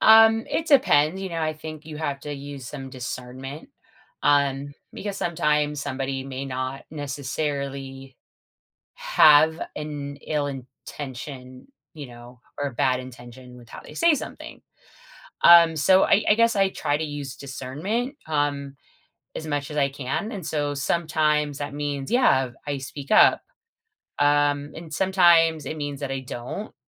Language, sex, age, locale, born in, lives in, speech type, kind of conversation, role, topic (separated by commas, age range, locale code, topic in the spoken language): English, female, 40-44, en-US, United States, United States, conversation, advice, user, How can I improve communication in my relationship?